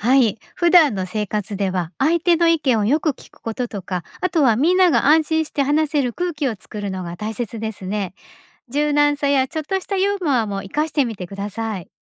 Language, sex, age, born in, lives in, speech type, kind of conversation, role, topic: Japanese, female, 50-54, Japan, Japan, read, expert-assistant, expert, 普段の生活でリーダーシップを磨くには、どんな工夫ができるでしょうか？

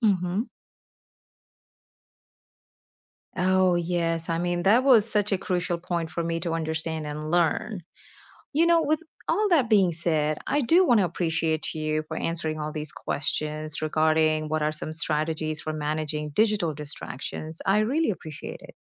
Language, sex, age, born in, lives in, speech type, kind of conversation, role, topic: English, female, 35-39, India, United States, read, expert-assistant, asker, What are some strategies for managing digital distractions?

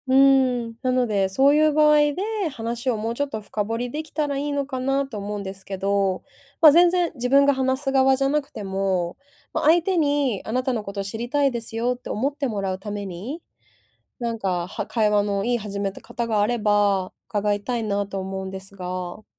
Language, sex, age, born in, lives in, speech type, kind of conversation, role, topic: Japanese, female, 30-34, Japan, Japan, conversation, advice, user, 初対面で自然に会話を始めるにはどうすればいいですか？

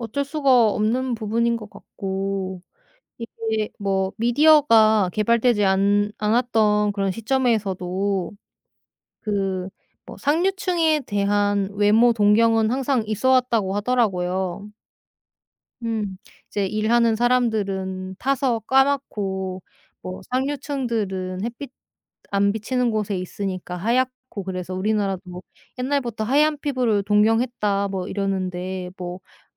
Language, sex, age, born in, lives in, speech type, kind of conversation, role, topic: Korean, female, 30-34, South Korea, South Korea, conversation, unstructured, none, 누군가를 외모로 판단하는 것이 옳을까요?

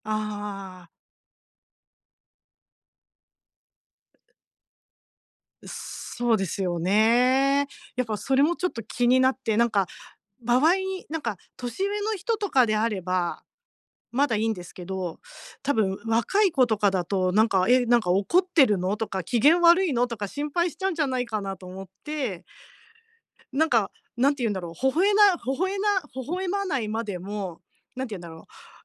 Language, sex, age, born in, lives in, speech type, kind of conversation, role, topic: Japanese, female, 50-54, Japan, Japan, conversation, advice, user, 大人数の集まりで楽に過ごすにはどうすればいいですか？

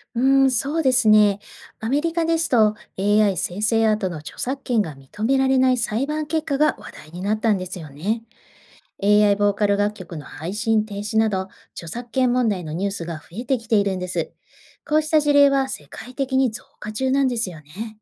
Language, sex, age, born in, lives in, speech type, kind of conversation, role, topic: Japanese, female, 45-49, Japan, Japan, read, expert-assistant, expert, 放送業界の最新トレンドを知りたい時、どこで情報を集めるのが一番おすすめですか？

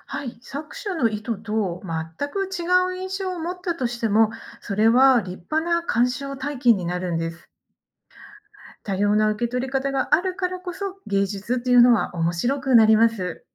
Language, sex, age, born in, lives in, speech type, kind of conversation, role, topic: Japanese, female, 50-54, Japan, Japan, read, expert-assistant, expert, 芸術作品を見て「良い」と感じる基準は、自分で決めてもいいのでしょうか？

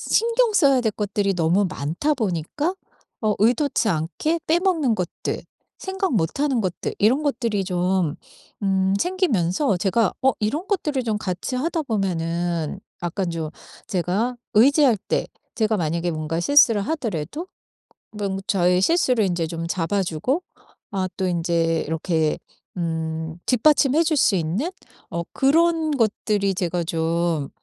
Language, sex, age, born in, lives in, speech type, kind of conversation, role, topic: Korean, female, 50-54, South Korea, United States, conversation, advice, user, 가족 의무와 자기돌봄의 균형을 어떻게 맞출 수 있을까요?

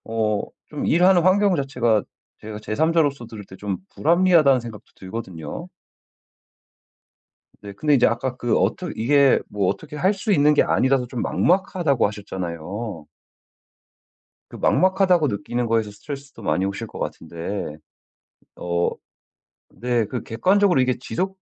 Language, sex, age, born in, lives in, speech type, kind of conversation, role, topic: Korean, male, 35-39, United States, United States, conversation, advice, advisor, 회의가 너무 많아 실제 업무 시간이 부족할 때 어떻게 하면 좋을까요?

